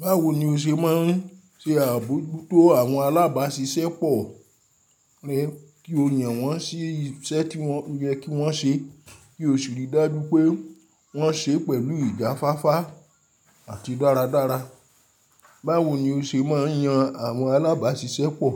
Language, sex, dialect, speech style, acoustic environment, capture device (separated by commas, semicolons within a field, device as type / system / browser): Yoruba, male, Nigeria - Ibadan, happy, silence, mobile / Linux / Chrome